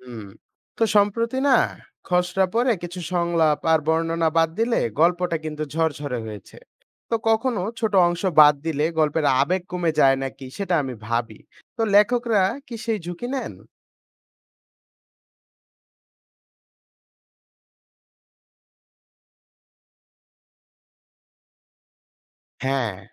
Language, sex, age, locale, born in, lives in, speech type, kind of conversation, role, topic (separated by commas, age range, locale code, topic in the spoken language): Bengali, male, 20-24, bn-BD, Bangladesh, Bangladesh, read, expert-assistant, asker, গল্প লেখার সময় ভাবনা ও চরিত্র কীভাবে গুছালে ভালো হয়?